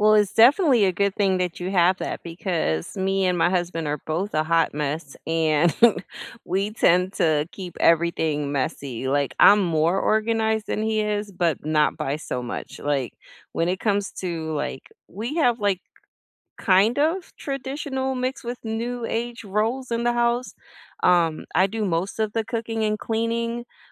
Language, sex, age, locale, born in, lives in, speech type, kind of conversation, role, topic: English, female, 40-44, en-US, United States, United States, conversation, unstructured, none, What skill do you admire in others, and how are you practicing it?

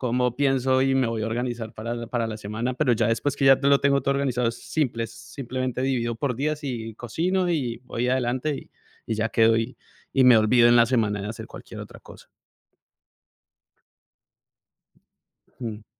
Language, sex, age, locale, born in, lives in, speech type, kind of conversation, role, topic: Spanish, male, 40-44, es-CO, Colombia, United States, conversation, unstructured, none, ¿Te gusta probar comidas nuevas o prefieres la comida tradicional?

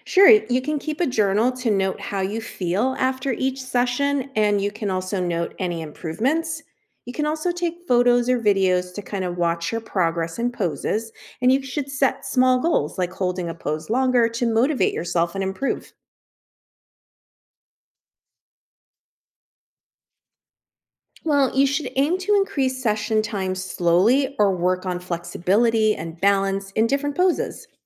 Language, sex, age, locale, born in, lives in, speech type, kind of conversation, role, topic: English, female, 50-54, en-US, United States, United States, read, expert-assistant, expert, How do you get started practicing yoga at home?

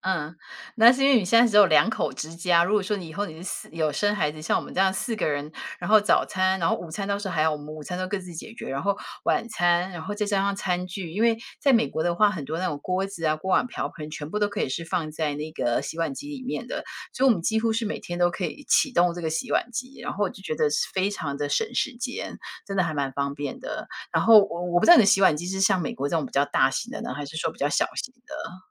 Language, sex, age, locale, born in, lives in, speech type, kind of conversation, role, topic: Chinese, female, 50-54, zh-TW, Taiwan, United States, conversation, unstructured, none, 科技进步带来了哪些好处？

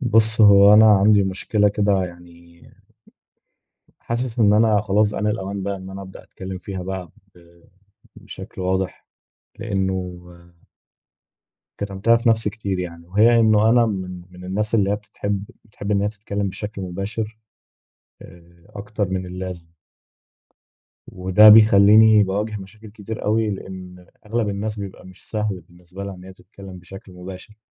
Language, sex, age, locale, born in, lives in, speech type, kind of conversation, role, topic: Arabic, male, 20-24, ar-EG, Egypt, Egypt, conversation, advice, user, إزاي أفتح حوار صريح مع صاحبي عشان نتفادى سوء الفهم ومنجرحش مشاعر بعض؟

